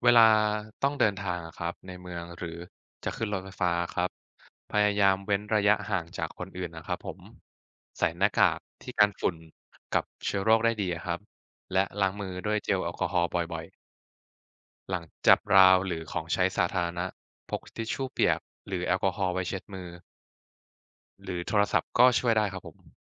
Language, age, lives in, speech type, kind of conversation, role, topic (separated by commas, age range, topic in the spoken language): Thai, 20-24, Thailand, read, expert-assistant, expert, ได้ยินมาหลายแบบเกี่ยวกับการสวมหน้ากากอนามัยในที่สาธารณะ จริงๆ แล้วจำเป็นแค่ไหนกันแน่?